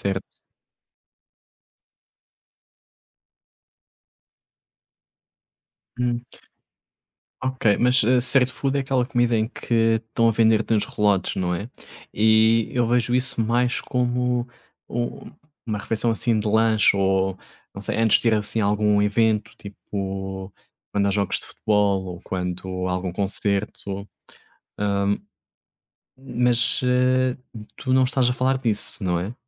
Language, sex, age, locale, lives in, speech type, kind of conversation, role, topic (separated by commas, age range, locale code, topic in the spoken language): Portuguese, male, 30-34, pt-PT, Portugal, conversation, unstructured, none, Você prefere cozinhar em casa ou comer fora? Por quê?